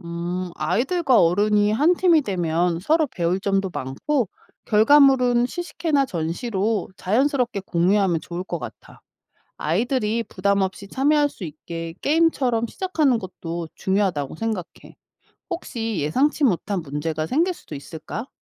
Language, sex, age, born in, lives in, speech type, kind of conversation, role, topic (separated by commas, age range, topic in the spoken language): Korean, female, 45-49, South Korea, United States, read, expert-assistant, asker, 지속 가능한 농업을 통해 가족이나 공동체와의 관계를 어떻게 더 깊게 만들 수 있을까요?